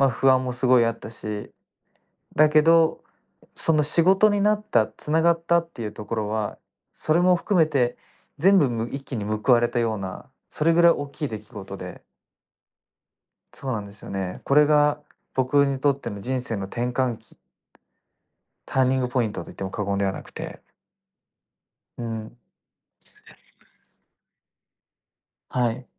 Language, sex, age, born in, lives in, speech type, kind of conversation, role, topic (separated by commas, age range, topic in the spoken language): Japanese, male, 20-24, Japan, Japan, conversation, podcast, guest, 自分らしい表現はどうやって見つけましたか？